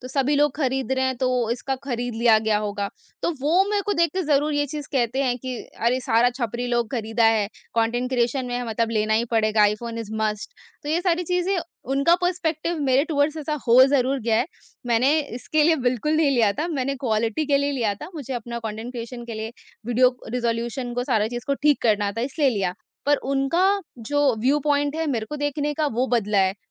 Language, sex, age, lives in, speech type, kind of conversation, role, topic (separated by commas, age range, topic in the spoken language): Hindi, female, 45-49, India, conversation, podcast, guest, Social media ne aapke fashion choices kaise badle hain?